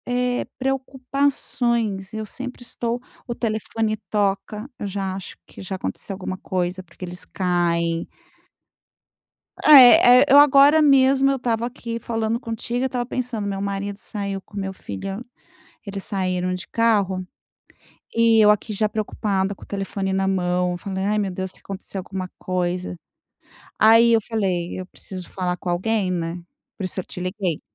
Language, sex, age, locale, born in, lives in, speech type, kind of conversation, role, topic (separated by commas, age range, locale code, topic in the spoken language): Portuguese, female, 50-54, pt-BR, Brazil, Spain, conversation, advice, user, Por que não consigo relaxar em casa, mesmo tentando ver filmes ou ouvir música?